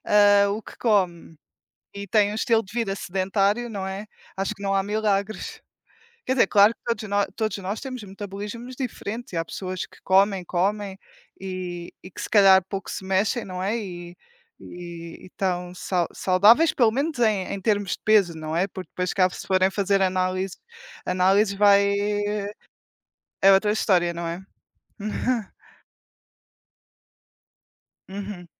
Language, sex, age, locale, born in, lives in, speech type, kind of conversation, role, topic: Portuguese, female, 20-24, pt-PT, Portugal, Portugal, conversation, unstructured, none, Qual é a importância da alimentação para a sua saúde física?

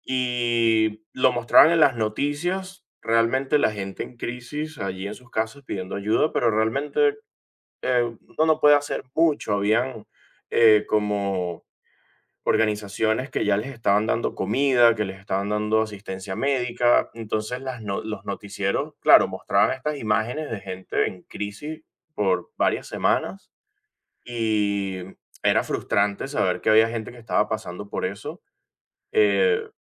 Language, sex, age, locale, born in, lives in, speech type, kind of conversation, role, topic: Spanish, male, 25-29, es-VE, Venezuela, United States, conversation, unstructured, none, ¿Cómo te sientes cuando las noticias hablan de crisis humanitarias?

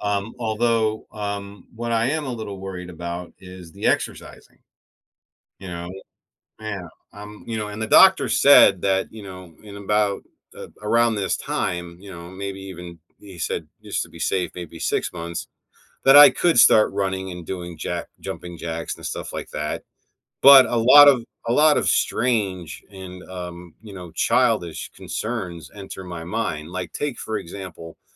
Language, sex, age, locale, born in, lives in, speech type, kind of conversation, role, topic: English, male, 45-49, en-US, United States, United States, conversation, advice, user, How can I decide whether a major life change would help me find more meaning and happiness?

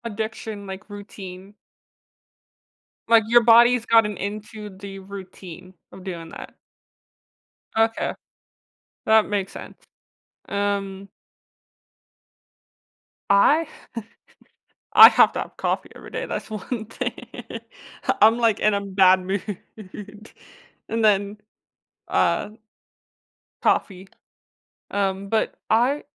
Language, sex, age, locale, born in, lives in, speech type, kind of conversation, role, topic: English, female, 20-24, en-US, United States, United States, conversation, podcast, host, How can small daily routines make a difference in our overall happiness?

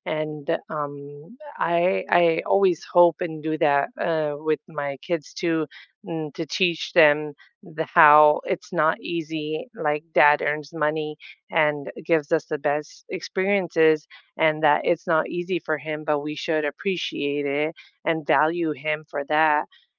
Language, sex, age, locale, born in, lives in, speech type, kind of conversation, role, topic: English, female, 30-34, en-US, United States, United States, conversation, unstructured, none, What place truly feels like home to you, and what makes it feel that way?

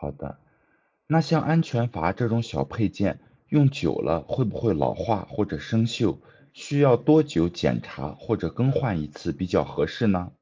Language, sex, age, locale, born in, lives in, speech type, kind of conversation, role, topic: Chinese, male, 30-34, zh-CN, China, United States, read, expert-assistant, asker, 请解释为什么热水壶烧水时会有“咕噜咕噜”的声音，并用实验观察沸腾现象。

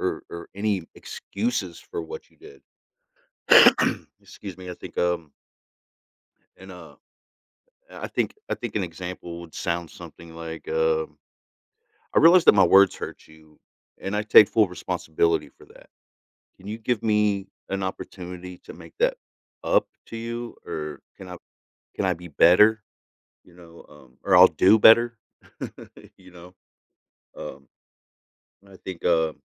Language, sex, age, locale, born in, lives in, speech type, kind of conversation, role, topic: English, male, 40-44, en-US, United States, United States, conversation, podcast, guest, Why is it important to give a sincere and thoughtful apology?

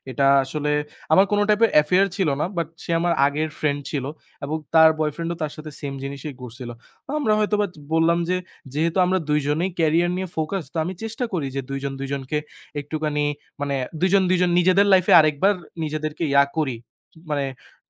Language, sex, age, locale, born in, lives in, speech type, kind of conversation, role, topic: Bengali, male, 20-24, bn-BD, Bangladesh, Bangladesh, conversation, unstructured, none, একটি ভুল স্মৃতি আপনার জীবনে কীভাবে প্রভাব ফেলেছে?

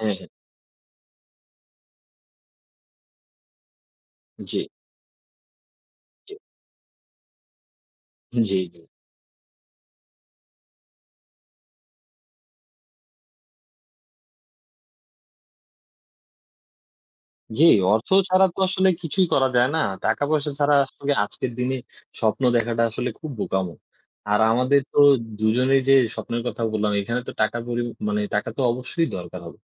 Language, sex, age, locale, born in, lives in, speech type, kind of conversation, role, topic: Bengali, male, 20-24, bn-BD, Bangladesh, Bangladesh, conversation, unstructured, none, আপনার স্বপ্ন পূরণের পথে সবচেয়ে বড় চ্যালেঞ্জটি কী?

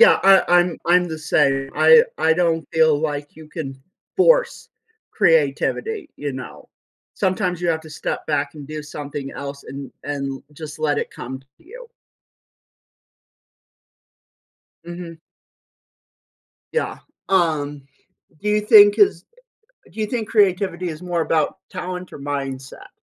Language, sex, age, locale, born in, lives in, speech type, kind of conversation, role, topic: English, male, 25-29, en-US, United States, United States, conversation, podcast, host, What are some ways people can nurture and develop their creativity over time?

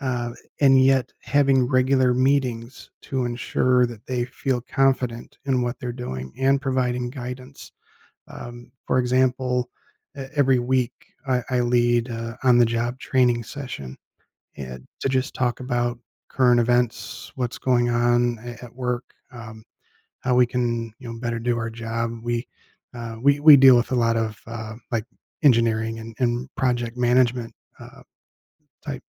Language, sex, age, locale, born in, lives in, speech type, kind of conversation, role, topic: English, male, 50-54, en-US, United States, United States, conversation, podcast, guest, How do you turn setbacks at work into opportunities for growth?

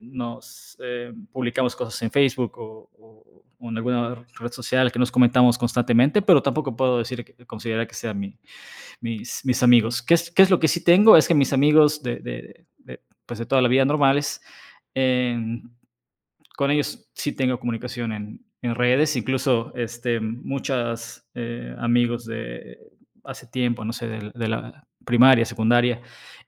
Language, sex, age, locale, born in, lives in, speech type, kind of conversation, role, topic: Spanish, male, 35-39, es-MX, Mexico, Mexico, conversation, podcast, guest, ¿Qué consejos darías para hacer nuevos amigos?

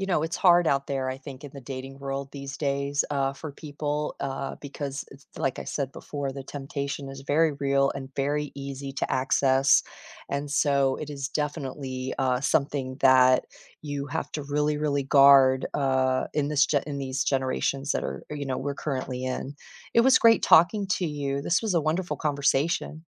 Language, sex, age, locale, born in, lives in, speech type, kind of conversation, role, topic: English, female, 50-54, en-US, United States, United States, conversation, unstructured, none, Should couples always share their passwords with each other?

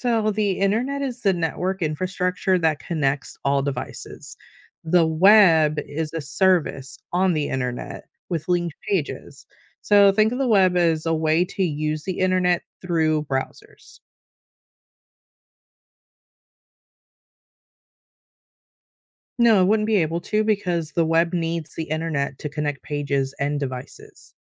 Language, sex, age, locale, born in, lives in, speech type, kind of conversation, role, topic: English, female, 35-39, en-US, United States, United States, read, expert-assistant, expert, What is the significance of the World Wide Web?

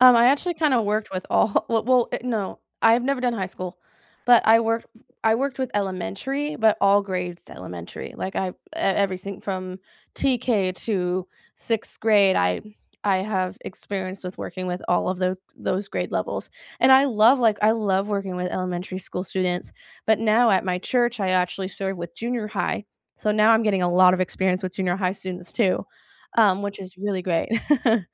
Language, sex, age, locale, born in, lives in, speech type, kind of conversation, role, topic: English, female, 20-24, en-US, United States, United States, conversation, unstructured, none, How has gig work shaped your path toward a steadier, fulfilling career?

